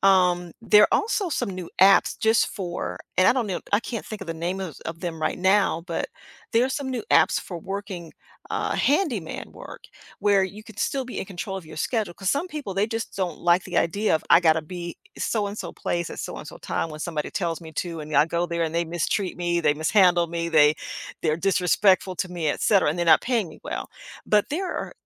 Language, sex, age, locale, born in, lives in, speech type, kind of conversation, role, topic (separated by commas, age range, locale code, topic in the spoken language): English, female, 55-59, en-US, United States, United States, conversation, advice, advisor, How can I set boundaries without feeling guilty?